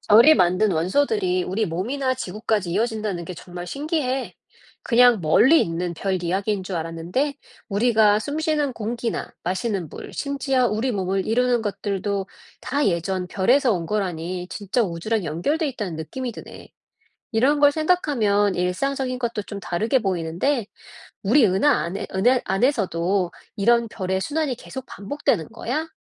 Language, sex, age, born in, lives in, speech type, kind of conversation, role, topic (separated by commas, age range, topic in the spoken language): Korean, female, 40-44, South Korea, United States, read, expert-assistant, asker, 별의 일생 연구에는 어떤 방법이 사용되나요?